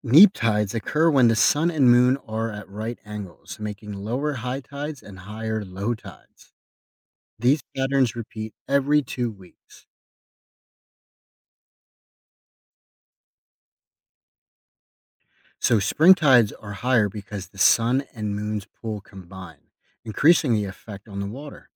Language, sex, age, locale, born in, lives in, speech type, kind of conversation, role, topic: English, male, 30-34, en-US, United States, United States, read, expert-assistant, expert, What causes tides in the oceans?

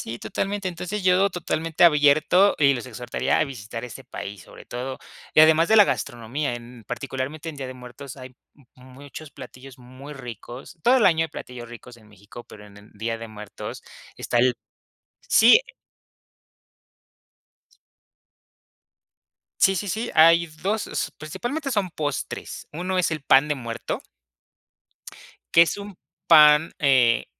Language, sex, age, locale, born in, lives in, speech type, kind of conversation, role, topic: Spanish, male, 25-29, es-MX, Mexico, Mexico, conversation, podcast, guest, ¿Qué tradiciones familiares recuerdas con cariño?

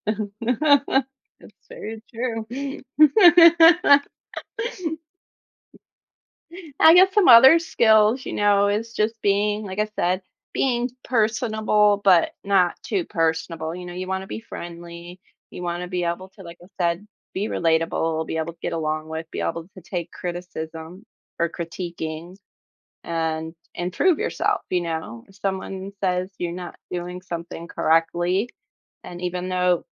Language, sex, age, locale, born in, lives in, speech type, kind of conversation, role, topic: English, female, 35-39, en-US, United States, United States, conversation, podcast, guest, How do different skills contribute to success in various careers?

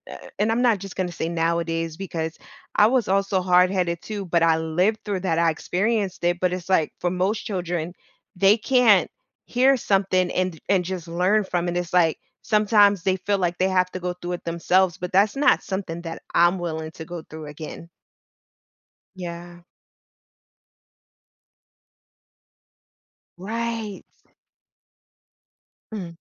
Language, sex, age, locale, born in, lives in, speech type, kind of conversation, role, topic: English, female, 35-39, en-US, United States, United States, conversation, advice, user, How can I stop dishes from piling up so I don't feel grossed out?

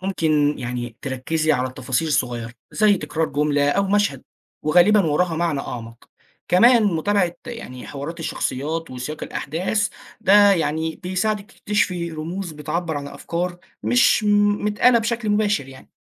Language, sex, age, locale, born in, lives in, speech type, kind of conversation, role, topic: Arabic, male, 20-24, ar-EG, Egypt, Egypt, read, expert-assistant, expert, إزاي أقدر أطور نفسي في فهم الأدب المعاصر وأختار الكتب اللي توسع رؤيتي؟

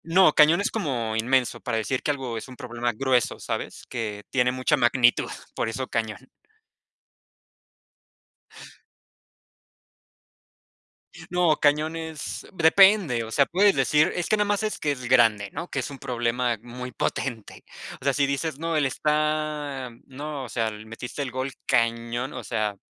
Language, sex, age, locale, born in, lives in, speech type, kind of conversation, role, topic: Spanish, male, 25-29, es-MX, Mexico, Mexico, conversation, unstructured, none, ¿Qué te gusta aprender sobre la cultura local cuando viajas?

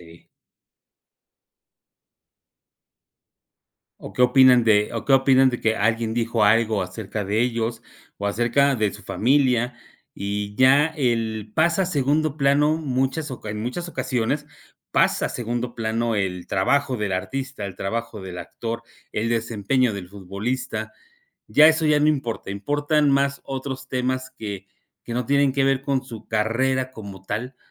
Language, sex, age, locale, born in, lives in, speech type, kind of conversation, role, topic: Spanish, male, 50-54, es-MX, Mexico, Mexico, conversation, unstructured, none, ¿Crees que la prensa amarilla perjudica a la cultura pop?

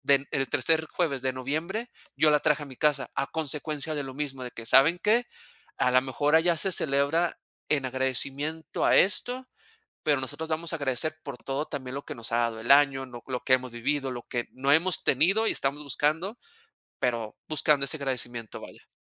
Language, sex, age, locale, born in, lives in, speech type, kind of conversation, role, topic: Spanish, male, 40-44, es-MX, Mexico, Mexico, conversation, unstructured, none, ¿Tienes alguna tradición familiar que te cause nostalgia?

